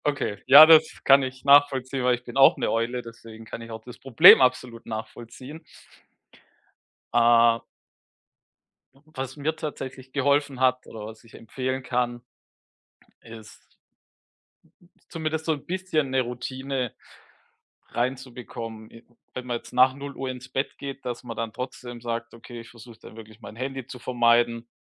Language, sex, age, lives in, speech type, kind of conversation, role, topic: German, male, 35-39, Germany, conversation, advice, advisor, Was kann ich tun, wenn ich morgens kaum Energie habe und wacher werden möchte?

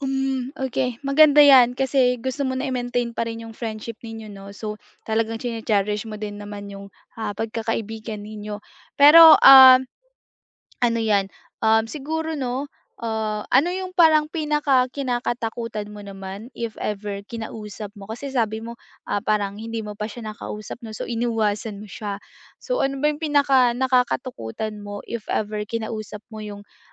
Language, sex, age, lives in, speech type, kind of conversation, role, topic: Filipino, female, 20-24, Philippines, conversation, advice, advisor, Paano ko haharapin ang mga hindi komportableng sandali kapag kasama ko ang kaibigan ko?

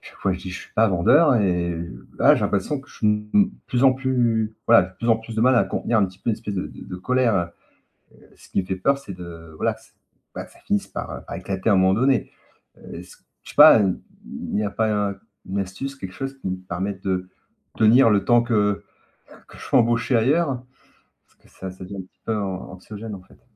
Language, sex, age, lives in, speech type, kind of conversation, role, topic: French, male, 50-54, France, conversation, advice, user, Quelles compétences devrais-je apprendre en priorité et comment établir un plan d’apprentissage pour progresser professionnellement ?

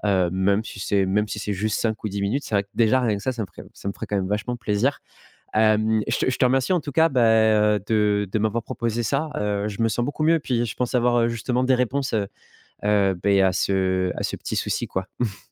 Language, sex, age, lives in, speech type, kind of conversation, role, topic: French, male, 30-34, France, conversation, advice, user, Comment s’adapter à un déménagement dans une nouvelle ville loin de ses proches ?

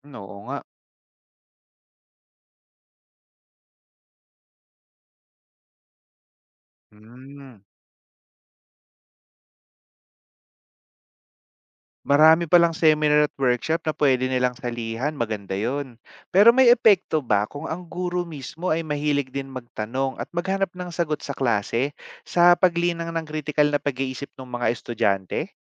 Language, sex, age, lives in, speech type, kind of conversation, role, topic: Filipino, male, 30-34, Philippines, read, expert-assistant, asker, Paano nakakatulong ang pagtuturo ng agham sa pagbuo ng kritikal na pag-iisip?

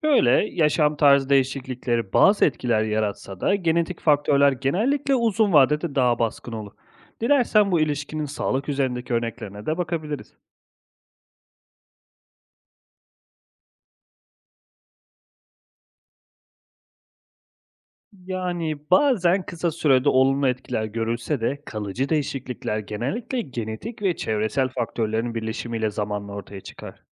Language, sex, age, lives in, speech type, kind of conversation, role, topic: Turkish, male, 30-34, Italy, read, expert-assistant, expert, Evrimi günlük hayatla ilişkilendirerek öğrenmek neden faydalı olabilir?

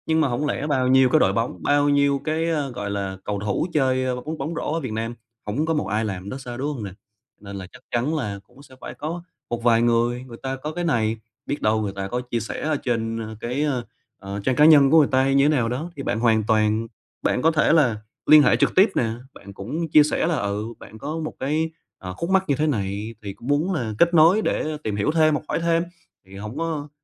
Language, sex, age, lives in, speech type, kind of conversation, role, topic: Vietnamese, male, 25-29, Vietnam, conversation, advice, advisor, Làm sao để vượt qua tình trạng chững lại khi tập luyện?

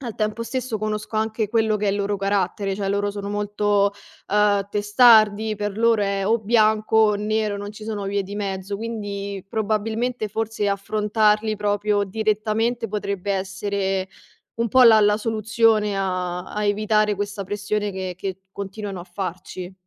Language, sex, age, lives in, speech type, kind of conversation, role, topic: Italian, female, 25-29, Italy, conversation, advice, user, Come posso gestire la pressione della famiglia a sposarmi e ad avere figli?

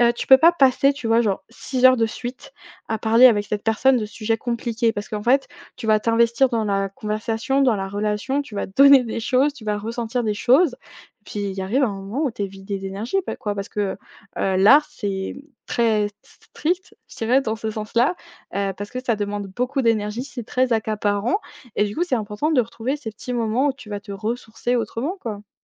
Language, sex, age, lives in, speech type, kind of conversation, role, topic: French, female, 20-24, France, conversation, podcast, guest, Comment fais-tu pour entretenir ton énergie créative au fil du temps ?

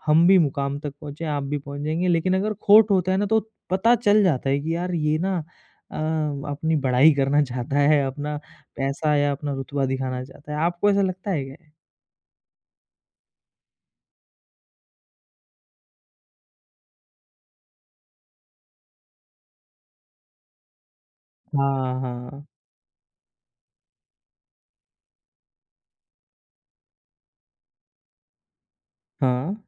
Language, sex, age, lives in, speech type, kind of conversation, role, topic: Hindi, male, 25-29, India, conversation, podcast, host, Aaj ki chhoti khushi aur kal ke fayde ke beech tum kaise faisla karte ho?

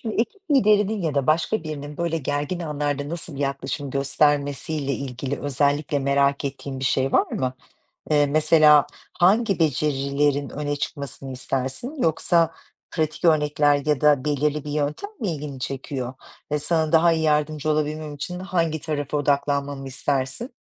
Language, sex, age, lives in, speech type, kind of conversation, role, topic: Turkish, female, 50-54, Italy, read, expert-assistant, expert, Ekipte yanlış anlamalar ve kültürel farklılıklar nasıl aşılır?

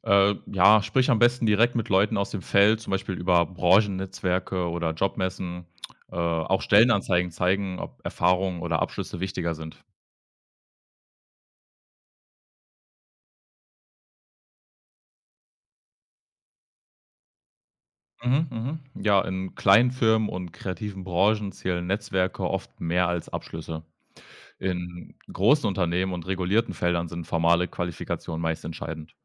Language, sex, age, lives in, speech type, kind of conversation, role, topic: German, male, 20-24, Germany, read, expert-assistant, expert, Wie kann ich entscheiden, ob ein Bildungssystem mir wirklich das gibt, was ich für meinen Lebensweg brauche?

